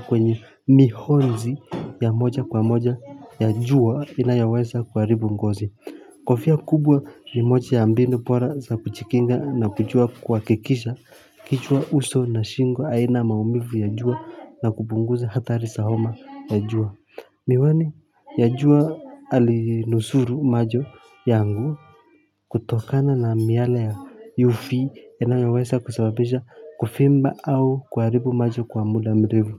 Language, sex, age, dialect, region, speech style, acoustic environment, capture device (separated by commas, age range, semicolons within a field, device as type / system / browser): Swahili, male, 25-34, Kenya - Mombasa, Kenya, relaxed; focused, silence, mobile / Linux / Chrome